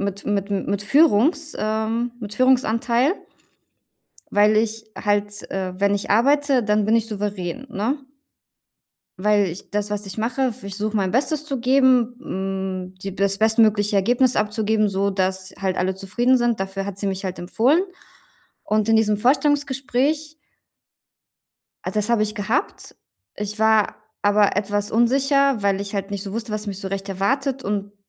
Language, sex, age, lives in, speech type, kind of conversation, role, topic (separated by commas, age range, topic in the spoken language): German, female, 35-39, Germany, conversation, advice, user, Wie kann ich souverän wirken, auch wenn ich innerlich unsicher bin?